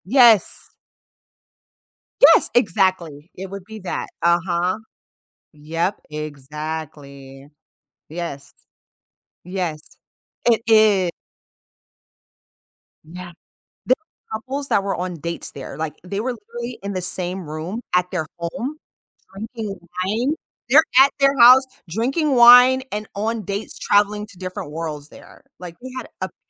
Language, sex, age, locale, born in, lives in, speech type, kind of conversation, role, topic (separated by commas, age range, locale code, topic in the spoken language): English, female, 35-39, en-US, United States, United States, conversation, advice, user, How can I stay positive and manage stress during a major life change?